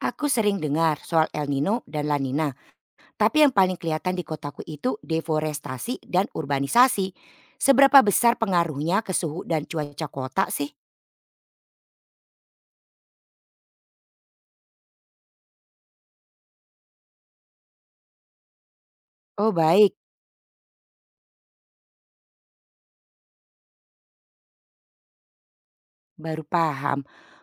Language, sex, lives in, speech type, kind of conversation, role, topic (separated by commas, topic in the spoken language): Indonesian, female, Netherlands, read, expert-assistant, asker, Bagaimana cara memastikan informasi tentang perubahan iklim yang kita baca benar-benar dapat dipercaya?